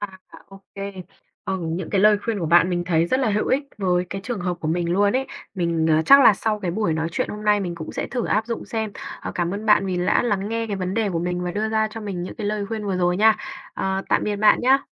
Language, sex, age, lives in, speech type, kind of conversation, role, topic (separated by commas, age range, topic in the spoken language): Vietnamese, female, 20-24, Vietnam, conversation, advice, user, Làm thế nào để trở lại làm việc sau kỳ nghỉ phép dài mà vẫn theo kịp đồng nghiệp?